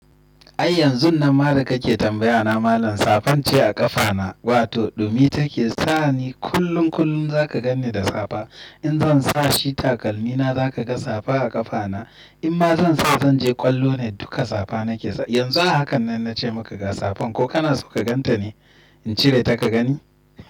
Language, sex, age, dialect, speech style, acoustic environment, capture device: Hausa, male, 25-34, Nigeria - Nigerian Standard, excited; happy, silence, desktop / Windows / Chrome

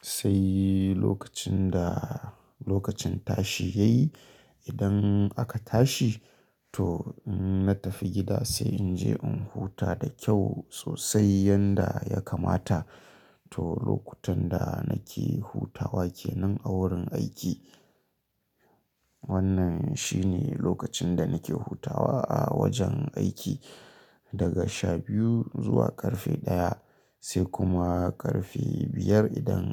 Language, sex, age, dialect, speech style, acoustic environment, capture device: Hausa, male, 25-34, Nigeria - Kano, happy; anxious; tired; relaxed; nervous; excited; stressed; focused, people talking; music; nature; silence; traffic; construction; appliances, mobile / Linux / Chrome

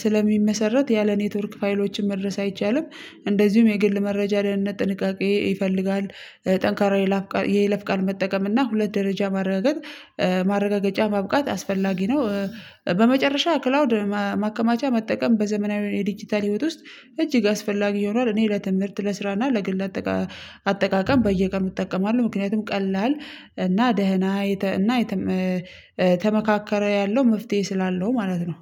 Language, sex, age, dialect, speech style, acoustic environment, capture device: Amharic, female, 25-34, Ethiopia - Addis Ababa, happy, nature, mobile / Linux / Chrome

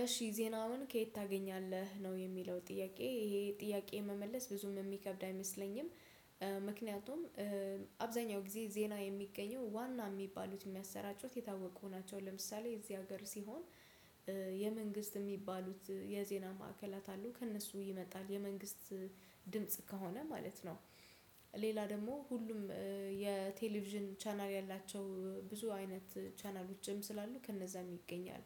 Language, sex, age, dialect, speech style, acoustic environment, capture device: Amharic, female, 25-34, Ethiopia - Addis Ababa, focused, silence, desktop / Windows / Chrome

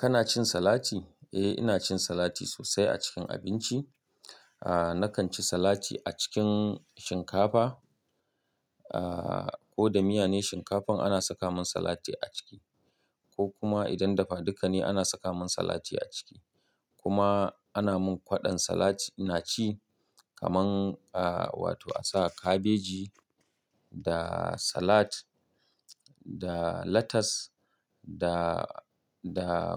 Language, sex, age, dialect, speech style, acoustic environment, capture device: Hausa, male, 35-44, Nigeria - Kano, happy; relaxed; focused; excited, nature; silence, mobile / Linux / Chrome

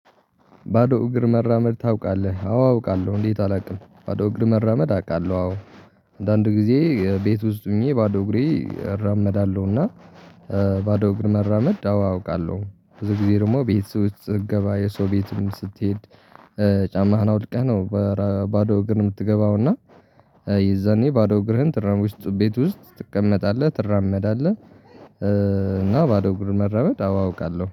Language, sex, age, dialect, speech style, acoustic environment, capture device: Amharic, male, 18-24, Ethiopia - Tigray, relaxed, appliances, mobile / Linux / Chrome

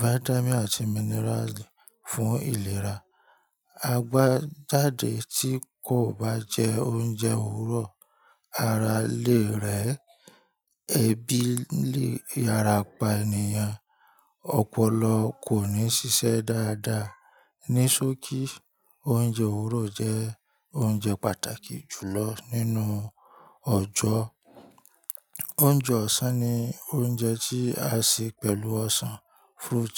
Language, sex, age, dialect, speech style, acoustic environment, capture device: Yoruba, male, 25-34, Nigeria - Ibadan, relaxed, nature; people talking, mobile / Linux / Chrome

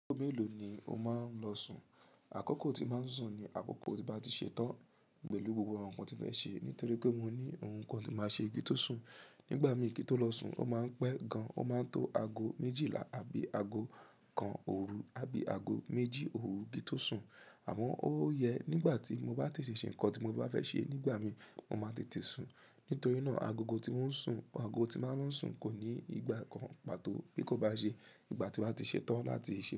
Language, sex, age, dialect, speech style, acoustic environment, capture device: Yoruba, female, 18-24, Nigeria - Lagos Yoruba, happy; relaxed, people talking; nature, mobile / Linux / Chrome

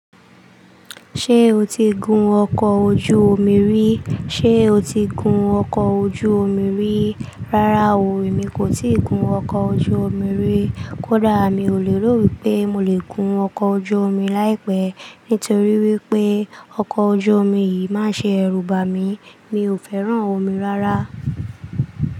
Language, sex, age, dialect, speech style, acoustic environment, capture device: Yoruba, female, 25-34, Nigeria - Lagos Yoruba, relaxed, silence; appliances, desktop / Linux / Chrome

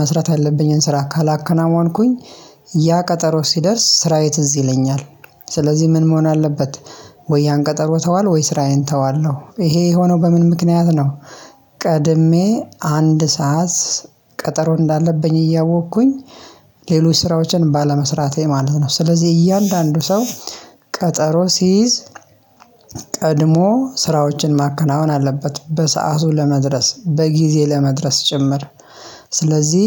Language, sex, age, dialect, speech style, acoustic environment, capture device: Amharic, male, 25-34, Ethiopia - Addis Ababa, happy, silence, mobile / Linux / Chrome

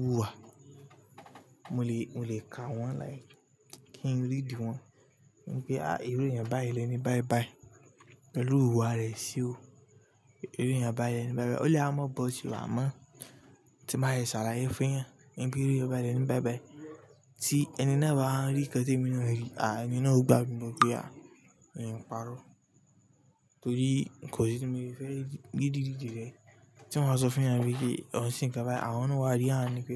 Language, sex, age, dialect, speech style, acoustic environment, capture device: Yoruba, male, 18-24, Nigeria - Lagos Yoruba, relaxed, appliances; silence, mobile / Linux / Chrome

